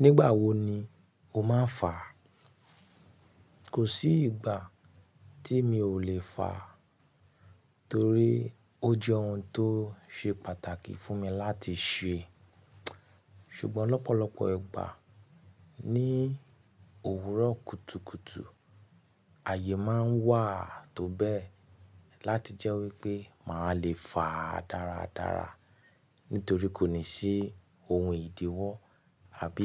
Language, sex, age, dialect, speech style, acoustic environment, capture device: Yoruba, male, 25-34, Nigeria - Nigerian Standard, happy; relaxed; excited; focused, silence; nature, mobile / Linux / Chrome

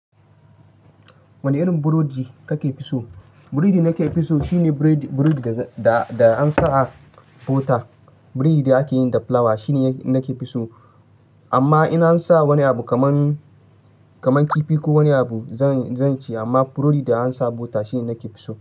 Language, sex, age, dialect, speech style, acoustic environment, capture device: Hausa, male, 25-34, Nigeria - Ibadan, focused, nature, mobile / Linux / Chrome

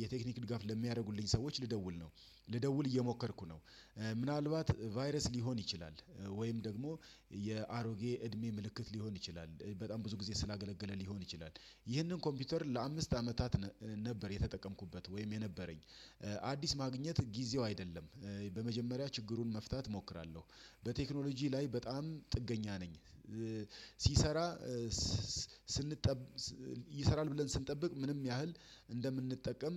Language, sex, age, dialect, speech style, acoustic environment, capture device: Amharic, male, 35-44, Ethiopia - Addis Ababa, relaxed; excited, nature; silence, desktop / Windows / Chrome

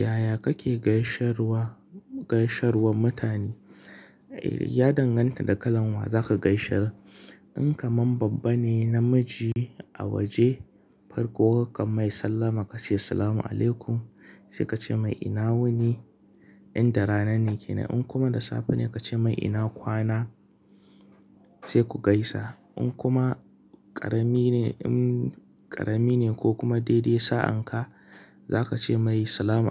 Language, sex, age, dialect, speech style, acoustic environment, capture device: Hausa, male, 25-34, Nigeria - Kano, excited; focused; happy, nature, mobile / Linux / Chrome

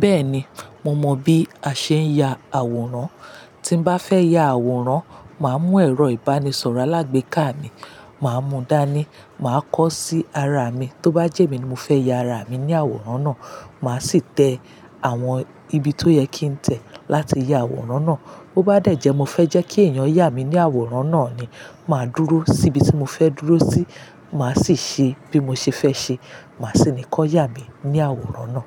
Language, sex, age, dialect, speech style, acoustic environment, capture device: Yoruba, female, 35-44, Nigeria - Lagos Yoruba, excited, silence, mobile / Linux / Chrome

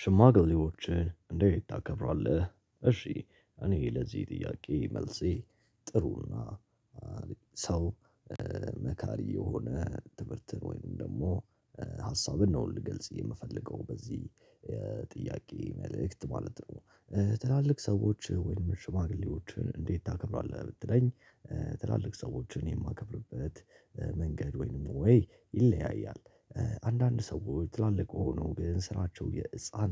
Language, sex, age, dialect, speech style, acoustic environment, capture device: Amharic, male, 18-24, Ethiopia - Addis Ababa, happy; relaxed, people talking; silence; nature, mobile / Linux / Chrome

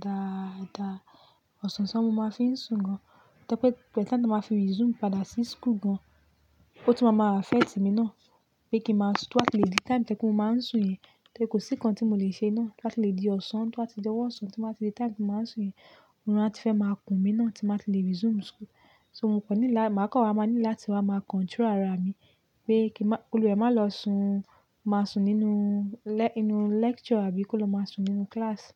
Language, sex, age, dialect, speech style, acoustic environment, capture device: Yoruba, female, 25-34, Nigeria - Lagos Yoruba, relaxed, nature, mobile / Linux / Chrome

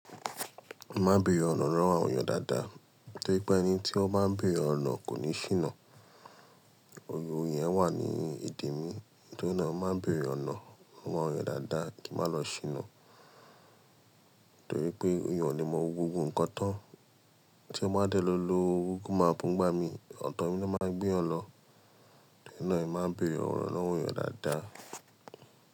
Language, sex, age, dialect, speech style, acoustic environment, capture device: Yoruba, male, 25-34, Nigeria - Lagos Yoruba, tired, nature, mobile / Linux / Chrome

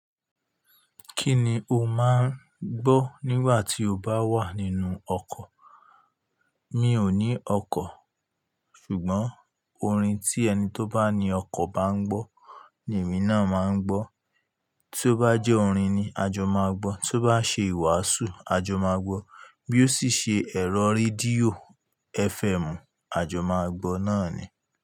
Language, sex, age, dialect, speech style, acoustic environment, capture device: Yoruba, male, 25-34, Nigeria - Ibadan, happy; anxious; relaxed; tired; stressed, nature; appliances, mobile / Linux / Chrome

